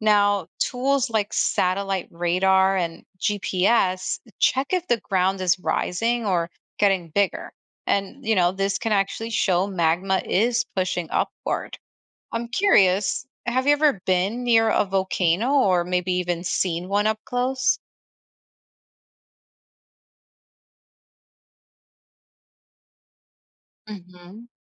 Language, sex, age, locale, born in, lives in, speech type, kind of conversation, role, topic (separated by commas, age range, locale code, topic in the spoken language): English, female, 35-39, en-US, United States, United States, read, expert-assistant, expert, What factors lead to volcanic eruptions and how are they detected?